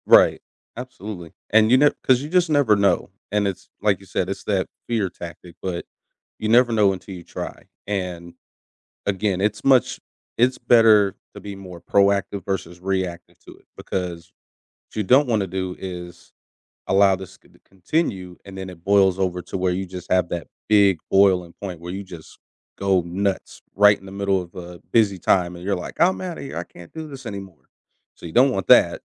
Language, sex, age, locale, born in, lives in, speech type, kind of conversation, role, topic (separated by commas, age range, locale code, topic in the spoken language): English, male, 30-34, en-US, United States, United States, conversation, advice, advisor, How can I balance work responsibilities while making steady progress on my personal goals?